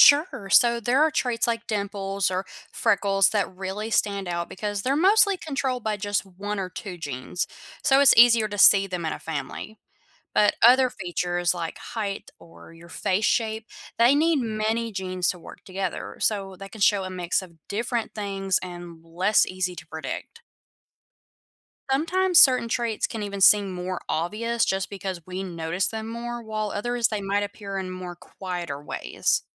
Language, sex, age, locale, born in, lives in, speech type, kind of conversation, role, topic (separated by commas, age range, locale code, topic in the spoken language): English, female, 25-29, en-US, United States, United States, read, expert-assistant, expert, Why do some people have dimples on their cheeks?